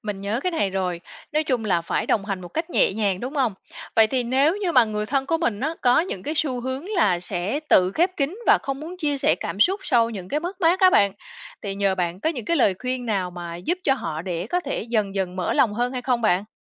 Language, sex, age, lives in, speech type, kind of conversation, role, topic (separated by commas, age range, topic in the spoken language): Vietnamese, female, 35-39, Vietnam, read, expert-assistant, asker, Làm thế nào để hỗ trợ tâm lý cho con cái và gia đình hiệu quả?